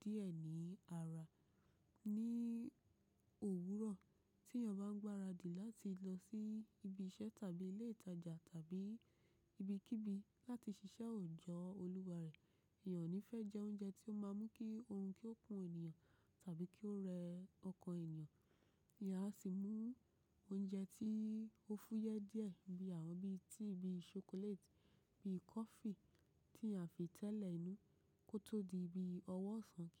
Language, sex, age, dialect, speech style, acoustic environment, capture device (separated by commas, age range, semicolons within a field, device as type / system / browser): Yoruba, female, 25-34, Nigeria - Ibadan, relaxed, nature, mobile / macOS / Safari